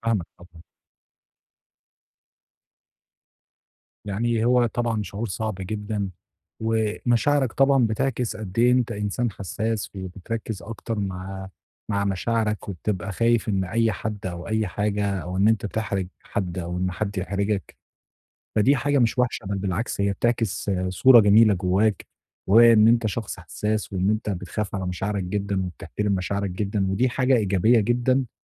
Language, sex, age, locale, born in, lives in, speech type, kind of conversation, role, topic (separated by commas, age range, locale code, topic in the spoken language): Arabic, male, 25-29, ar-EG, Egypt, Egypt, conversation, advice, advisor, إزاي أتحكّم في الضغط والقلق وأنا في احتفالات مع الناس؟